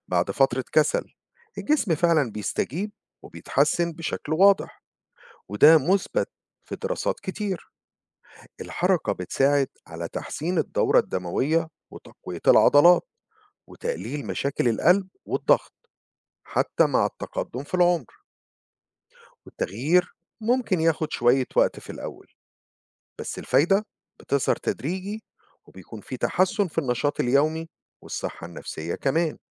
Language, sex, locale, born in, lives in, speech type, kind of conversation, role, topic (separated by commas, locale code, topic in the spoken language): Arabic, male, ar-EG, Egypt, United States, read, expert-assistant, expert, إزاي أخلي الحركة جزء من يومي وأحافظ على صحة قلبي؟